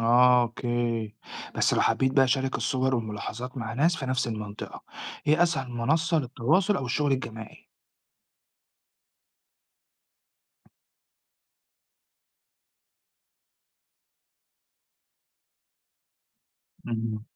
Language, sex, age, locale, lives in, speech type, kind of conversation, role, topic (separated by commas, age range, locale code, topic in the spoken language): Arabic, male, 40-44, ar-EG, Italy, read, expert-assistant, asker, إزاي ممكن أستخدم تقنيات حديثة أو تكنولوجيا بسيطة، زي تطبيقات الموبايل أو الكاميرات، علشان أوثق وأتابع مظاهر التطور في الطبيعة حوالينا؟